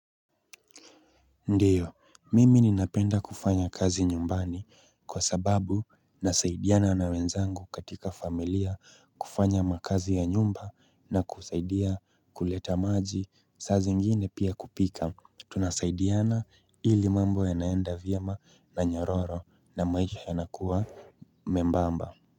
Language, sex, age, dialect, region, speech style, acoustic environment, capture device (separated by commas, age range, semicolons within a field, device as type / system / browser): Swahili, male, 25-34, Kenya - Nairobi Sheng-influenced, Kenya, relaxed, nature, mobile / Linux / Chrome